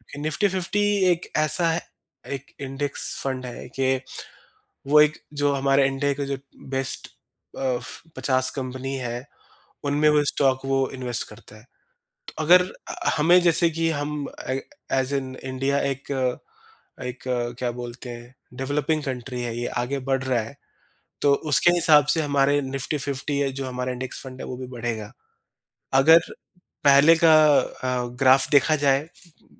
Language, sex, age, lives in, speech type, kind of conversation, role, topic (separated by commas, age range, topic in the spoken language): Hindi, male, 30-34, India, conversation, advice, advisor, आपने रिटायरमेंट के लिए बचत शुरू क्यों नहीं की है?